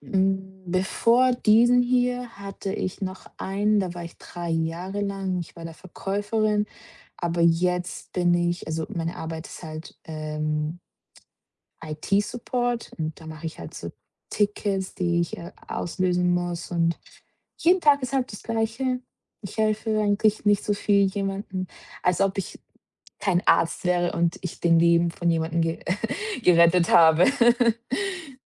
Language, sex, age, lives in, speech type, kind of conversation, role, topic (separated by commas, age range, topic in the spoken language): German, female, 25-29, Portugal, conversation, advice, user, Warum fühlt sich dein Job für dich sinnlos an?